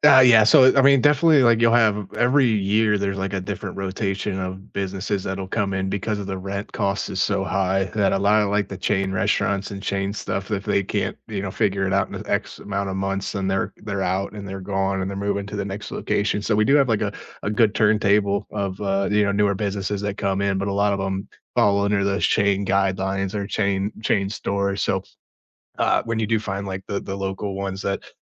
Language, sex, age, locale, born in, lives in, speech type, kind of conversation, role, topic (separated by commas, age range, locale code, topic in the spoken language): English, male, 30-34, en-US, United States, United States, conversation, unstructured, none, What local place in your neighborhood always makes you smile, and what makes it special?